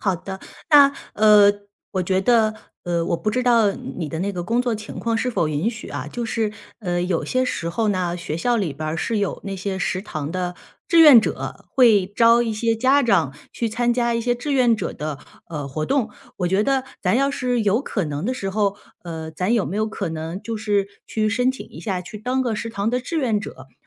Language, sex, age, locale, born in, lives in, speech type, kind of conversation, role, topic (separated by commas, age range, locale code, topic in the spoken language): Chinese, female, 35-39, zh-CN, China, United States, conversation, advice, advisor, 如何在沟通中建立并保持信任？